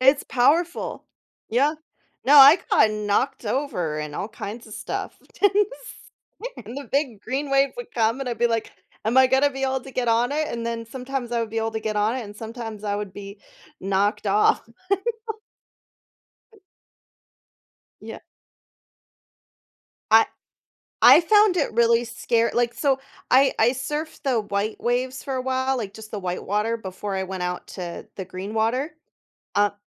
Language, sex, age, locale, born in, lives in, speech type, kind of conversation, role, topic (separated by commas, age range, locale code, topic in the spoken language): English, female, 35-39, en-US, United States, United States, conversation, podcast, guest, What travel story always makes you smile?